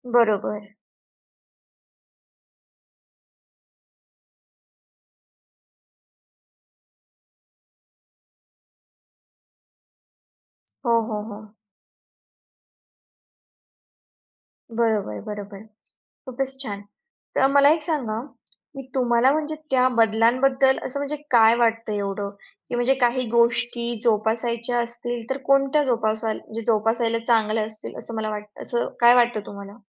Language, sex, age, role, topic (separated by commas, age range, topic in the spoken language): Marathi, female, 40-44, host, लहानपणी तुम्हाला कोणता सण सर्वात जास्त आवडायचा?